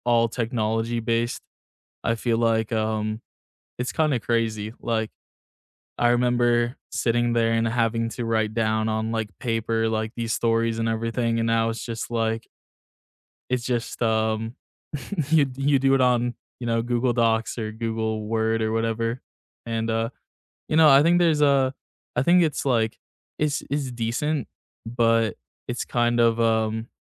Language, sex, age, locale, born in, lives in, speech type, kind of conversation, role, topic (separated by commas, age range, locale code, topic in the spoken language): English, male, 20-24, en-US, United States, United States, conversation, unstructured, none, How has classroom technology helped or hindered your learning, and what moments shaped your view?